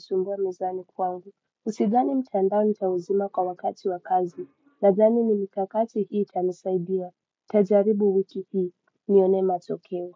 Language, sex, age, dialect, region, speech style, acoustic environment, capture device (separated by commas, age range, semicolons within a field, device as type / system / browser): Swahili, male, 25-34, Kenya - Mombasa, Kenya, relaxed, music, desktop / Windows / Chrome